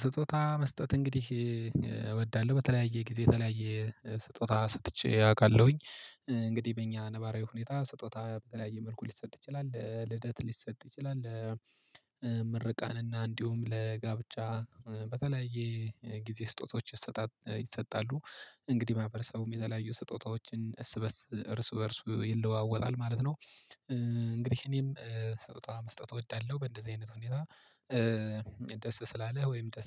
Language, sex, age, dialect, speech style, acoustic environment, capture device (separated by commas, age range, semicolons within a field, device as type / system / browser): Amharic, male, 25-34, Ethiopia - Gojjam, relaxed, silence, mobile / Linux / Chrome